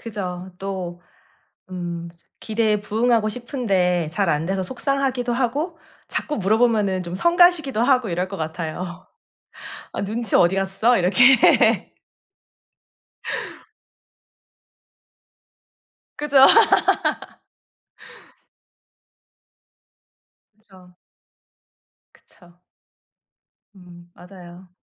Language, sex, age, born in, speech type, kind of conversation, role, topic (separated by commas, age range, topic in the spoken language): Korean, female, 35-39, South Korea, conversation, advice, advisor, 실패가 두려워서 새로운 일을 시도하지 못할 때 어떻게 해야 하나요?